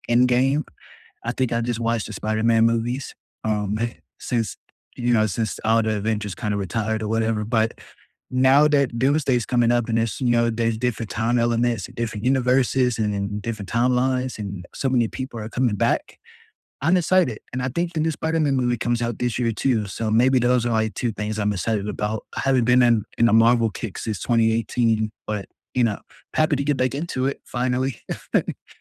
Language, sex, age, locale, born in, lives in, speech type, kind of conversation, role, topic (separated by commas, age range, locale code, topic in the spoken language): English, male, 20-24, en-US, United States, United States, conversation, unstructured, none, What shows are you binge-watching right now, and why do they keep you hooked?